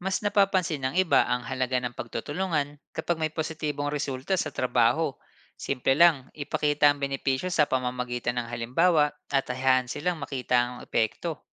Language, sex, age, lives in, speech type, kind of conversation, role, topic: Filipino, male, 30-34, Philippines, read, expert-assistant, expert, Paano nakakaapekto ang mabilis na pagbabago ng teknolohiya sa mga long-term na plano sa karera, at paano tayo maaaring maghanda para dito?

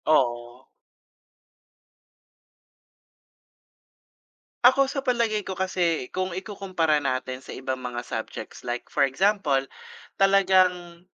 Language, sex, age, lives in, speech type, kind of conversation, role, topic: Filipino, male, 45-49, Philippines, conversation, unstructured, none, Paano mo ilalarawan ang karanasan mo sa paaralan, at ano ang pakiramdam mo kapag may mahirap na asignatura?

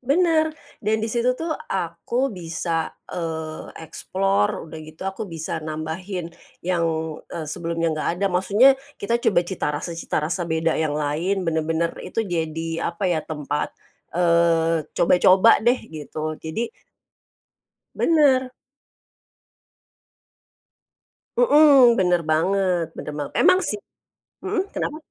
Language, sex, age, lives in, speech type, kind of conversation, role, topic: Indonesian, female, 45-49, Indonesia, conversation, podcast, guest, Pernah mengalami kegagalan saat memasak, dan apa ceritanya?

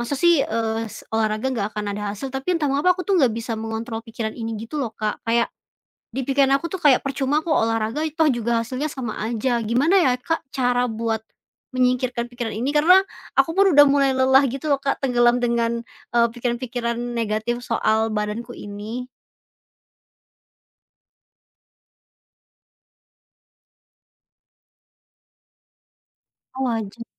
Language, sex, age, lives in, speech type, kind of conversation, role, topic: Indonesian, female, 25-29, Indonesia, conversation, advice, user, Bagaimana cara mengembalikan motivasi untuk berolahraga secara rutin?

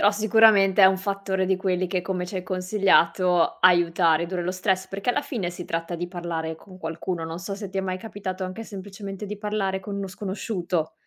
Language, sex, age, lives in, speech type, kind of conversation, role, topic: Italian, female, 25-29, Italy, conversation, podcast, host, Cosa fai, concretamente, quando lo stress prende il sopravvento?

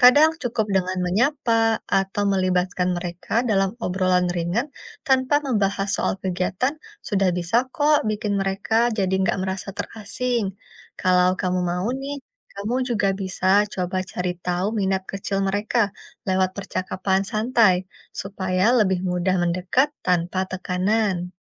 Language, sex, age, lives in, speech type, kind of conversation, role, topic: Indonesian, female, 30-34, Indonesia, read, expert-assistant, expert, Bagaimana Cara Supaya Cepat Akrab dengan Tetangga di Lingkungan Baru?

